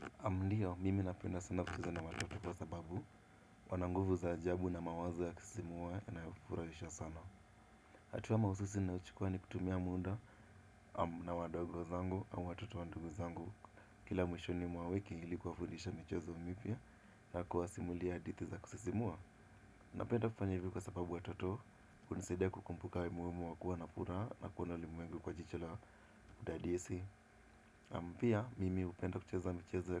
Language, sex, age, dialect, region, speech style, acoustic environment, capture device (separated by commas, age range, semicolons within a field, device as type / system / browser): Swahili, male, 18-24, Kenya - Nairobi Sheng-influenced, Kenya, relaxed, appliances, desktop / Windows / Chrome